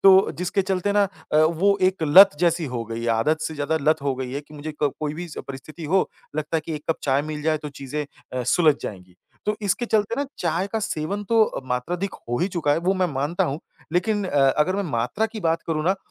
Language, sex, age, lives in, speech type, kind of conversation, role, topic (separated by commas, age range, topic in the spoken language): Hindi, male, 30-34, India, conversation, advice, user, दवाइयों या कैफीन पर निर्भरता से आपकी नींद कैसे प्रभावित हो रही है?